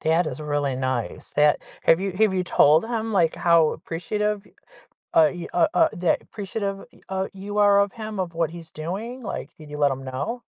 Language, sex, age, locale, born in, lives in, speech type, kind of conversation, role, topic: English, female, 55-59, en-US, United States, United States, conversation, advice, advisor, How can I show appreciation for my partner's thoughtful gesture?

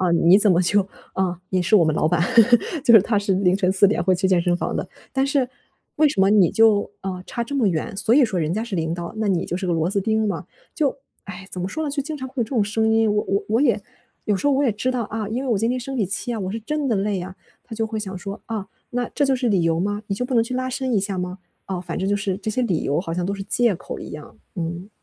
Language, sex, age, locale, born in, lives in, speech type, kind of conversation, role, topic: Chinese, female, 25-29, zh-CN, China, Germany, conversation, advice, user, 如何在自律与自我关怀之间找到平衡？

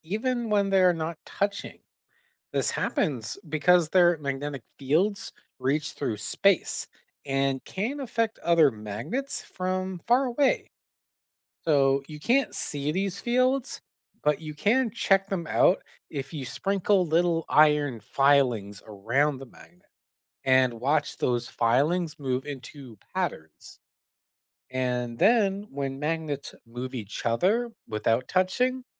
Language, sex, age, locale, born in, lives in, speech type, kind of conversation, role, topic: English, male, 25-29, en-CA, Canada, United States, read, expert-assistant, expert, How do magnets work and what affects their strength?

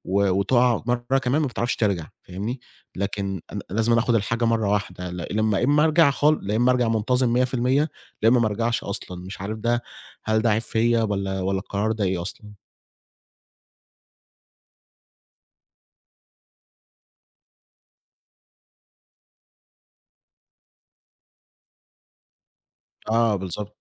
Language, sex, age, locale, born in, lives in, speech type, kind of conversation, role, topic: Arabic, male, 20-24, ar-EG, Egypt, Egypt, conversation, advice, user, الشعور بالذنب بعد تفويت التمرين أو النظام الصحي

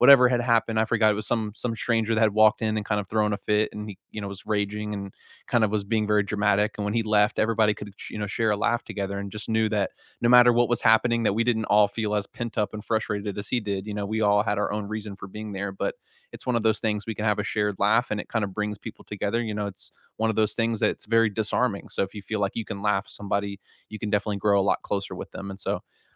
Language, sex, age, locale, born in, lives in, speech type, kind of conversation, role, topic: English, male, 55-59, en-US, United States, United States, conversation, unstructured, none, What was the last moment that made you genuinely laugh out loud, and what does it reveal about your sense of humor?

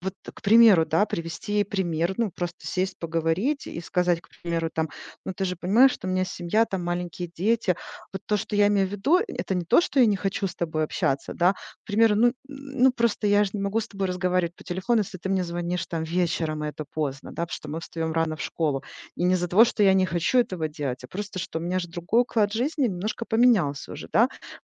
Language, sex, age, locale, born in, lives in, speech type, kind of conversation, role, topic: Russian, female, 40-44, ru-RU, Ukraine, United States, conversation, advice, advisor, Как мне установить здоровые границы с другом, который их не уважает?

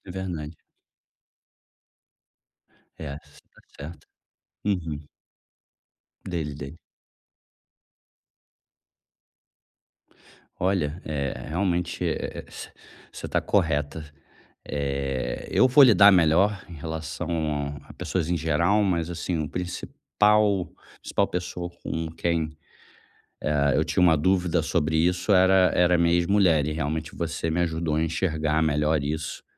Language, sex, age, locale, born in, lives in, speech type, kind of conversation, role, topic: Portuguese, male, 35-39, pt-BR, Brazil, Germany, conversation, advice, user, Como posso seguir minhas convicções pessoais quando minha família e amigos me pressionam a agir de forma diferente?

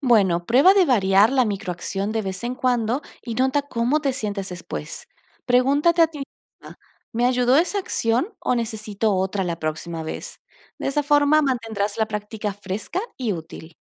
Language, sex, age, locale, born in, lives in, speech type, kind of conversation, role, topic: Spanish, female, 30-34, es-AR, Argentina, Netherlands, read, expert-assistant, expert, ¿Cómo priorizar las diferentes prácticas espirituales cuando tienes poco tiempo?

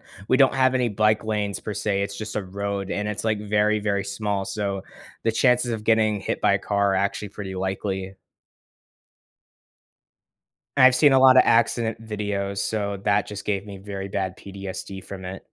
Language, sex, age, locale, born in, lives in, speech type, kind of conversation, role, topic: English, male, 18-19, en-US, United States, United States, conversation, podcast, guest, How has your hobby shaped your routines and personal growth?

